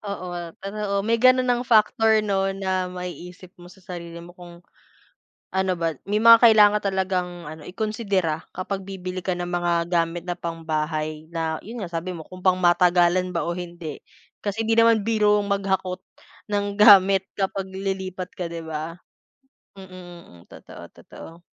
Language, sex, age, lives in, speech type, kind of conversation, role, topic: Filipino, female, 25-29, Philippines, conversation, unstructured, none, Ano ang gusto mong makamit sa susunod na limang taon?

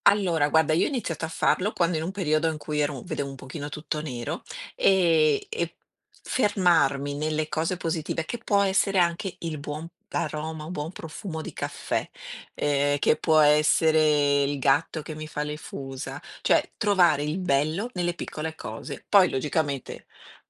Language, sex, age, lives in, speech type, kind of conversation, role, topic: Italian, female, 50-54, Italy, conversation, unstructured, none, Come inizi la tua giornata per sentirti subito bene?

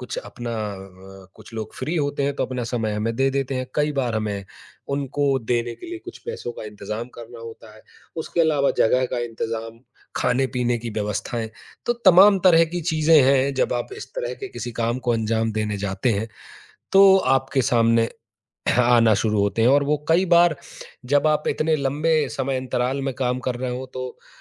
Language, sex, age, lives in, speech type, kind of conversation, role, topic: Hindi, male, 30-34, India, conversation, advice, user, सीमित संसाधनों में आप अपनी टीम का नेतृत्व कैसे करें और उसे प्रेरित कैसे रखें?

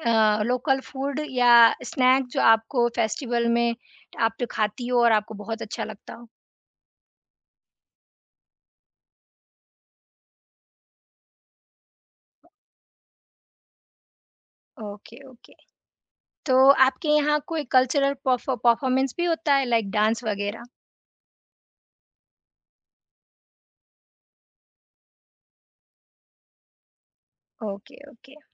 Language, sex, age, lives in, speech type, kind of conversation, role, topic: Hindi, female, 20-24, India, conversation, podcast, host, Local festivals mein tumhe sabse khaas cheez kya lagi?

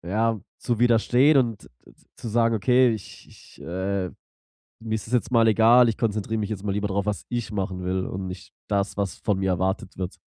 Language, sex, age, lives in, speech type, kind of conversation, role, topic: German, male, 25-29, Germany, conversation, advice, user, Wie kann ich dem Druck durch soziale Erwartungen standhalten, ohne meine Freunde zu verlieren?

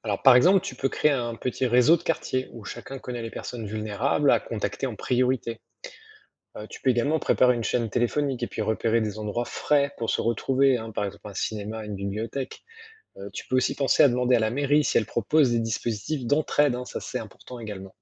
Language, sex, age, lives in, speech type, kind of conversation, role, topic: French, male, 45-49, France, read, expert-assistant, expert, Comment organiser un plan d’action pour faire face aux vagues de chaleur dans une grande ville ?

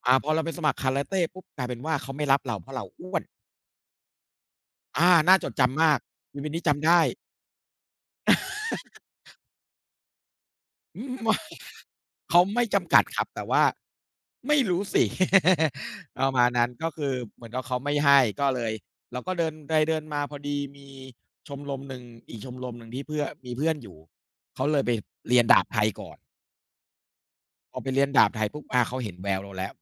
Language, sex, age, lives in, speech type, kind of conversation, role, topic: Thai, male, 40-44, Thailand, conversation, unstructured, none, คุณคิดว่าการเล่นกีฬาในร่มหรือกลางแจ้งสนุกกว่ากัน?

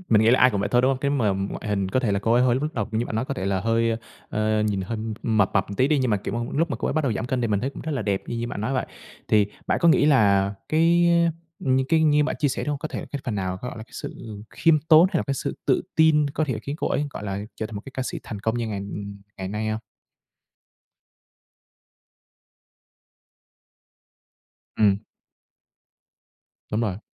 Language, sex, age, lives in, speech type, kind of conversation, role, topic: Vietnamese, male, 25-29, Vietnam, conversation, podcast, host, Nghệ sĩ nào có ảnh hưởng lớn nhất đến bạn?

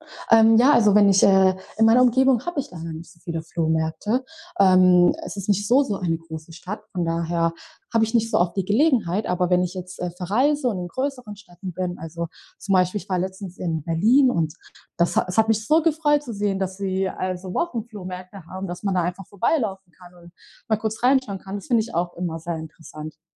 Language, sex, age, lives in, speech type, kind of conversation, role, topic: German, female, 20-24, Germany, conversation, podcast, guest, Was denkst du über Nachhaltigkeit in der Mode?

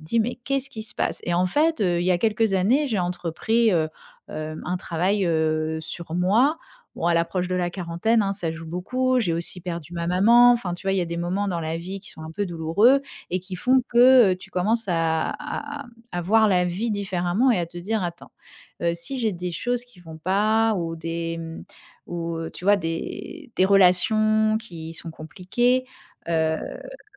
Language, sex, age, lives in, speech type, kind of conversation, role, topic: French, female, 45-49, France, conversation, podcast, guest, Comment trouver des personnes avec qui tu te sens vraiment à l’aise ?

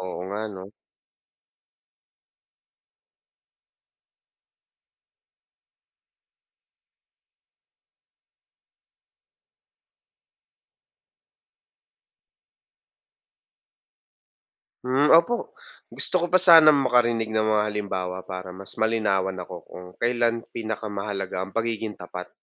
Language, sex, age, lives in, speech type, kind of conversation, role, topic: Filipino, male, 25-29, Philippines, read, expert-assistant, asker, Bakit mahalaga ang pagiging tapat sa sarili at sa kapwa?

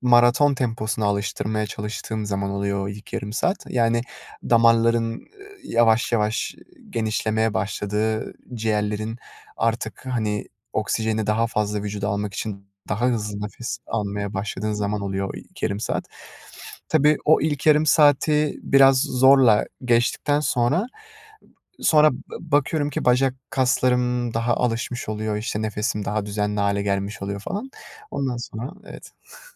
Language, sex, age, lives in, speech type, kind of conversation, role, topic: Turkish, male, 20-24, Netherlands, conversation, podcast, guest, Stresle başa çıkmak için hangi yöntemleri kullanırsın?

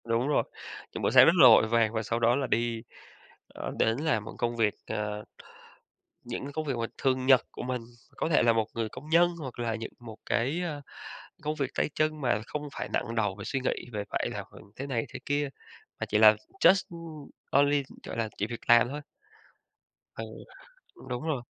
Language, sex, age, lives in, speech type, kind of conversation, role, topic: Vietnamese, male, 35-39, Vietnam, conversation, podcast, guest, Thế giới giả tưởng nào bạn muốn thử sống nhất?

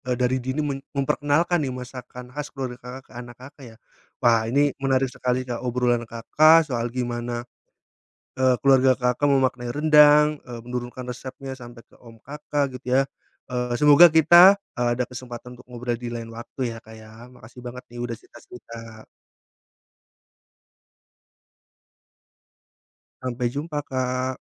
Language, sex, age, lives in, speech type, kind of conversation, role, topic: Indonesian, male, 30-34, Indonesia, conversation, podcast, host, Apa makanan keluarga yang selalu dibawa dari generasi ke generasi?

